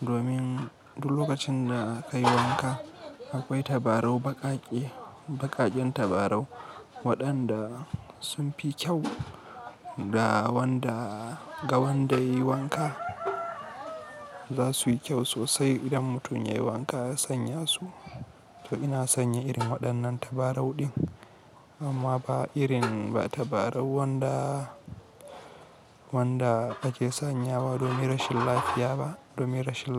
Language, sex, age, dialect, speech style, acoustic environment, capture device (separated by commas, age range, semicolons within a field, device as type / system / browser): Hausa, male, 18-24, Nigeria - Kano, happy, people talking; silence; nature, mobile / Linux / Chrome